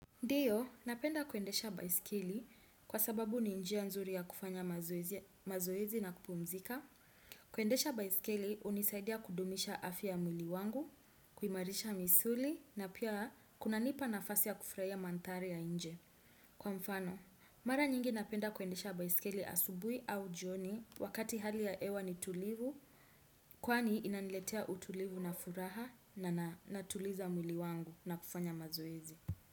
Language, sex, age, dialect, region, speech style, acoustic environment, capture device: Swahili, female, 25-34, Kenya - Nairobi Sheng-influenced, Kenya, anxious, silence, desktop / Windows / Chrome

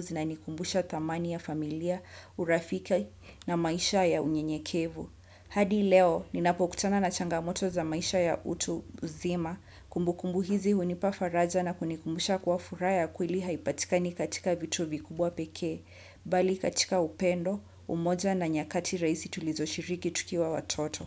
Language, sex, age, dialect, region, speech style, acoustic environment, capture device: Swahili, female, 25-34, Kenya - Mombasa, Kenya, happy, nature, desktop / Windows / Chrome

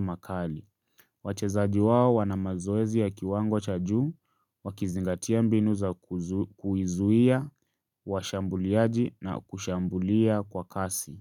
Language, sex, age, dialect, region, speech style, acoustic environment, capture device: Swahili, male, 25-34, Kenya - Nairobi Sheng-influenced, Kenya, relaxed; focused, nature; silence, mobile / Linux / Chrome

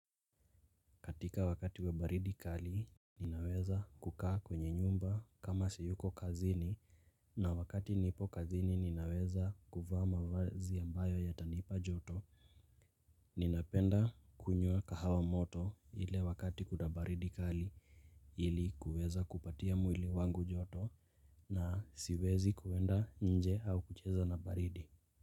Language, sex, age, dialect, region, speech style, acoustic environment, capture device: Swahili, male, 18-24, Kenya - Nairobi Sheng-influenced, Kenya, relaxed; focused, nature, mobile / Linux / Chrome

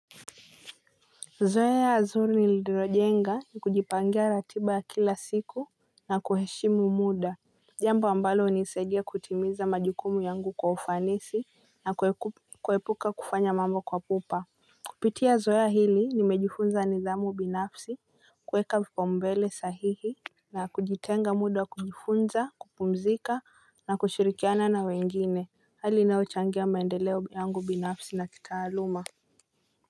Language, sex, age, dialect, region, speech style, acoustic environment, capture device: Swahili, female, 18-24, Kenya - Mombasa, Kenya, relaxed, people talking, mobile / Linux / Chrome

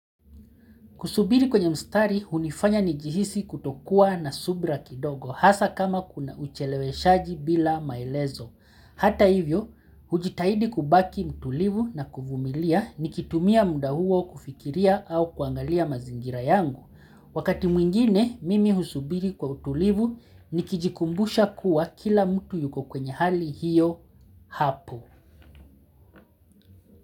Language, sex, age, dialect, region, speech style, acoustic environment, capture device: Swahili, male, 35-44, Kenya - Mombasa, Kenya, relaxed, silence, mobile / Linux / Chrome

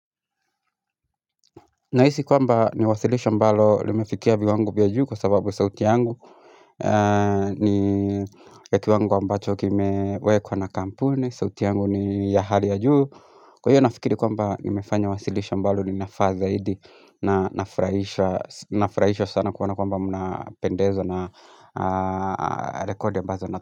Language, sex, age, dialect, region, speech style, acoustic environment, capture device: Swahili, male, 25-34, Kenya - Nairobi Sheng-influenced, Kenya, tired, silence; music, mobile / Linux / Chrome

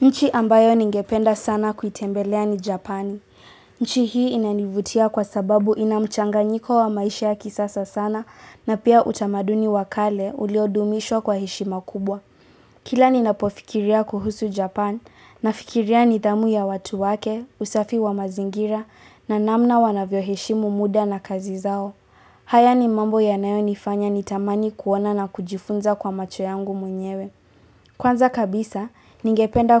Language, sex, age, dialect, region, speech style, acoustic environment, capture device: Swahili, female, 18-24, Kenya - Nairobi Sheng-influenced, Kenya, relaxed, silence; appliances; people talking, mobile / Linux / Chrome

